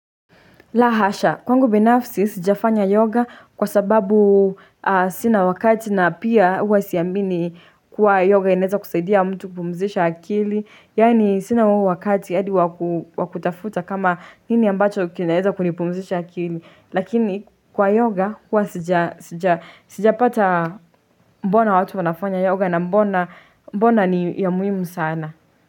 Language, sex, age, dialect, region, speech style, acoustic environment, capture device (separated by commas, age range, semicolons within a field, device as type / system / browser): Swahili, female, 18-24, Kenya - Nairobi Sheng-influenced, Kenya, anxious, silence, desktop / Linux / Chrome